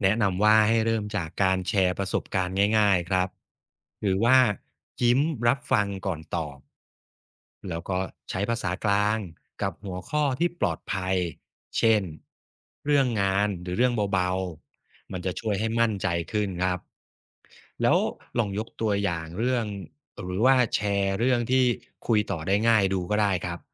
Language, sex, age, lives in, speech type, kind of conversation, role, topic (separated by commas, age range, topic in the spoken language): Thai, male, 35-39, Thailand, read, expert-assistant, expert, เราจะฝึกสังเกตพฤติกรรมทางวัฒนธรรมในชีวิตประจำวันอย่างไรให้เก่งขึ้น